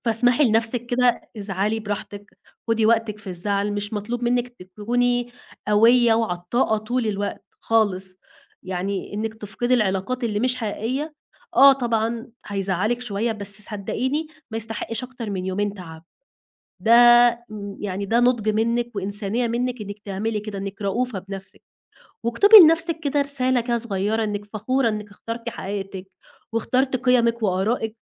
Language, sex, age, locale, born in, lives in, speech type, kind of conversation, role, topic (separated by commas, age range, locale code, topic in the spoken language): Arabic, female, 20-24, ar-EG, Egypt, Egypt, conversation, advice, advisor, إزاي تحكي إنك خسرت صحابك بعد ما بقيت صريح في آرائك وقيمك؟